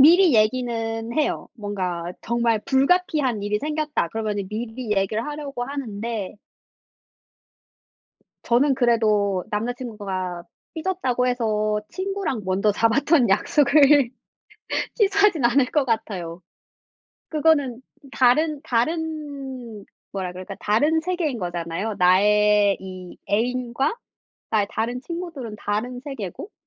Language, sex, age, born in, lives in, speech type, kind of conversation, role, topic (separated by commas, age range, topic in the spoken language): Korean, female, 25-29, South Korea, France, conversation, unstructured, none, 친구와의 약속을 자주 취소하면 어떤 기분이 드나요?